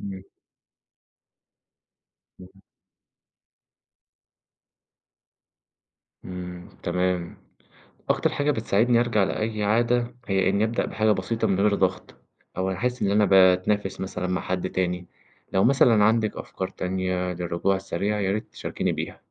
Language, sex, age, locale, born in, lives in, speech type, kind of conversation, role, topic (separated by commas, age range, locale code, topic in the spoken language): Arabic, male, 20-24, ar-EG, Egypt, Egypt, read, expert-assistant, asker, إزاي أقدر أواجه التسويف وأحافظ على تحفيزي لما يكون عندي أهداف كتير؟